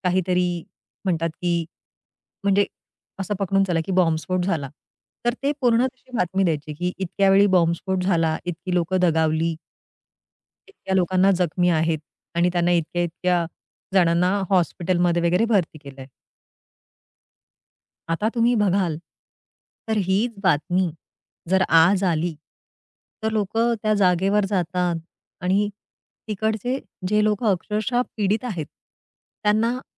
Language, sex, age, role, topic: Marathi, female, 40-44, guest, खोट्या बातम्यांपासून स्वतःला कसे वाचवता?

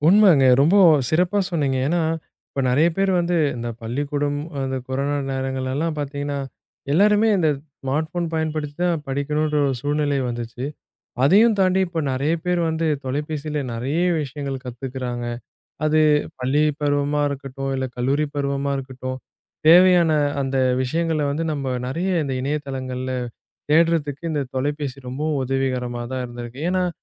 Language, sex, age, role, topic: Tamil, male, 20-24, host, ஸ்மார்ட்போன் நம்முடைய வாழ்க்கையை எப்படி மாற்றியுள்ளது என்று சொல்ல முடியுமா?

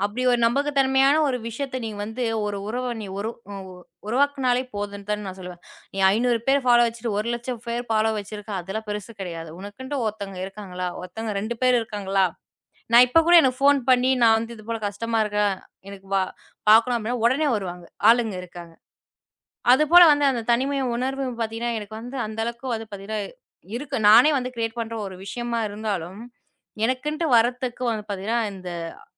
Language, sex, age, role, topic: Tamil, female, 20-24, guest, தொடர்பு அதிகமாக இருந்தும் தனிமை உணர்வு ஏன் ஏற்படுகிறது?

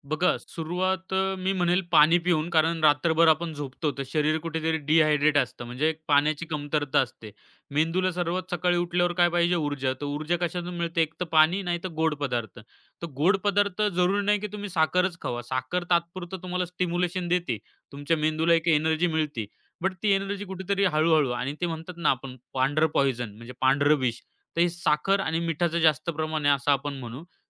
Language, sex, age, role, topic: Marathi, male, 25-29, guest, आहार आणि मन यांचा संबंध तुम्हाला कसा दिसतो?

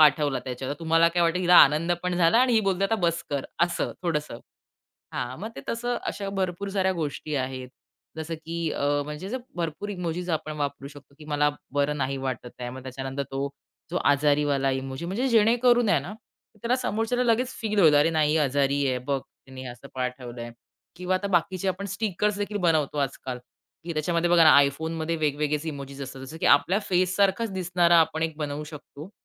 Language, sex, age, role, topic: Marathi, female, 30-34, guest, इमोजी वापरल्याने भावना अधिक स्पष्ट होतात का?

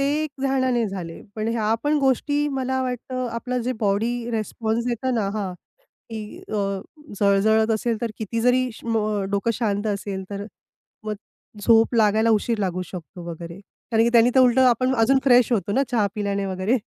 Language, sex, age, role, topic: Marathi, female, 35-39, guest, ध्यानामुळे तुमची झोप सुधारली का? तुमचा अनुभव कसा आहे?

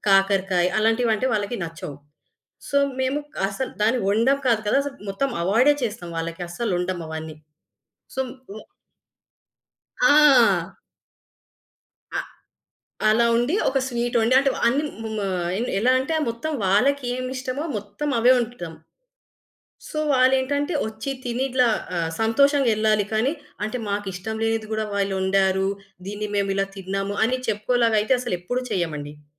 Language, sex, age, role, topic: Telugu, female, 25-29, guest, అతిథులకు ప్రత్యేక ఆహార అవసరాలు ఉంటే మీరు విందును ఎలా ప్రణాళిక చేస్తారు?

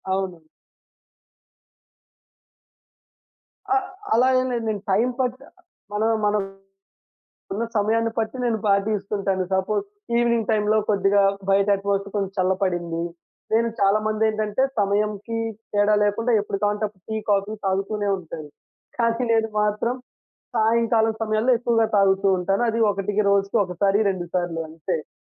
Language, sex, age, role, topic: Telugu, male, 20-24, guest, పనిలో ఏకాగ్రత పెంచుకోవడానికి మీరు పాటించే చిన్న రోజువారీ అలవాటు ఏమిటి?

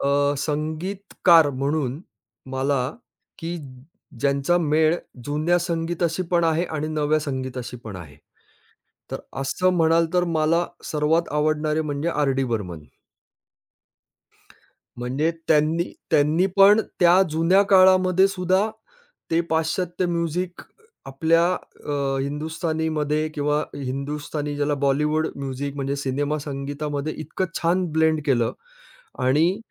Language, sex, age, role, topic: Marathi, male, 45-49, guest, तुमच्या गाण्यांच्या आवडीनिवडीत काळानुसार काय बदल झाला?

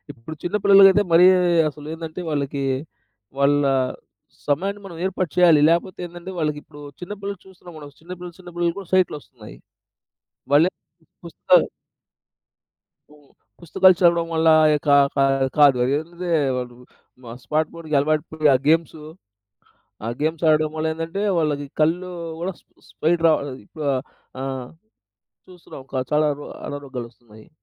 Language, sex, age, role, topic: Telugu, male, 30-34, guest, పిల్లలకు నిద్ర సమయపు అలవాటు ఎలా ఏర్పరుస్తారు?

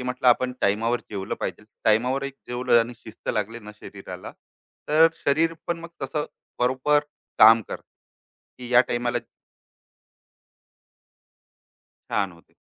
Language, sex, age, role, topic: Marathi, male, 35-39, guest, थकवा जाणवायला लागला की तुम्हाला सर्वात आधी काय लक्षात येतं?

